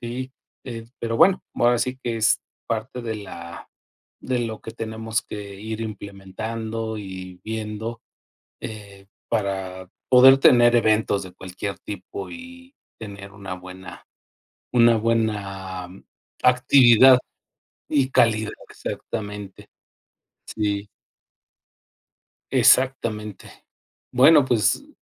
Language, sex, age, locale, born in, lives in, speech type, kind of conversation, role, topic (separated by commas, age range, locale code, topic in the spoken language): Spanish, male, 50-54, es-MX, Mexico, Mexico, conversation, unstructured, none, ¿Cómo mejorarías los espacios públicos para que todas las personas los disfruten?